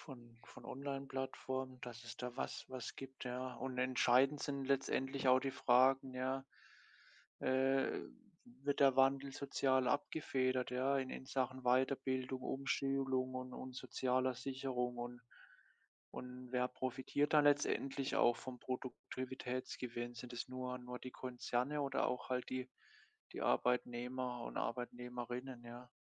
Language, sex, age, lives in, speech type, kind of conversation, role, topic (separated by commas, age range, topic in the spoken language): German, male, 25-29, Germany, conversation, unstructured, none, Kann künstliche Intelligenz unsere Arbeitsplätze gefährden?